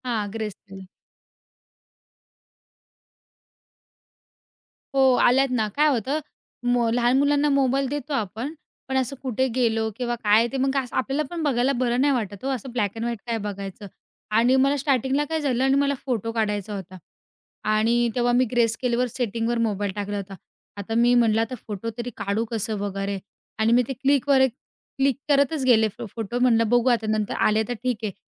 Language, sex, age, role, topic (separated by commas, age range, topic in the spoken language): Marathi, female, 20-24, guest, तू स्क्रीन वेळ कमी करण्यासाठी कोणते उपाय वापरतोस?